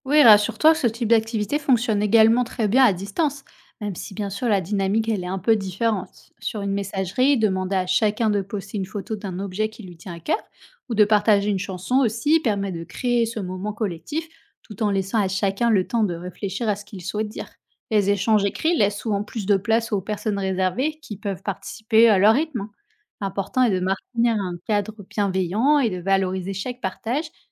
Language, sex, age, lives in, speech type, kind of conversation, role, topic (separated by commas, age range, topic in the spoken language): French, female, 30-34, France, read, expert-assistant, expert, Comment maintenir des liens authentiques avec ses amis malgré un emploi du temps chargé ?